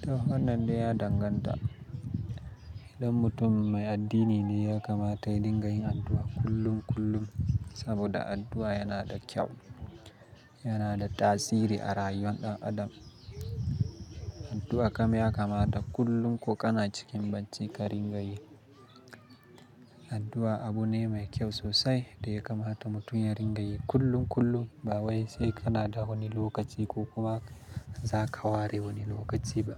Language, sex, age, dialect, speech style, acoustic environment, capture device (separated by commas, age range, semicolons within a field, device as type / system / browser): Hausa, male, 25-34, Nigeria - Kano, excited, silence, mobile / Linux / Chrome